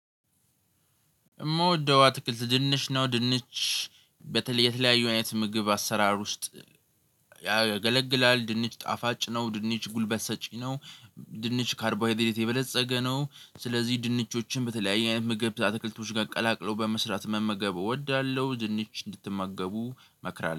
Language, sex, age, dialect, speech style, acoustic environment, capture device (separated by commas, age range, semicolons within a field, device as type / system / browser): Amharic, male, 18-24, Ethiopia - Addis Ababa, focused, silence, mobile / Linux / Chrome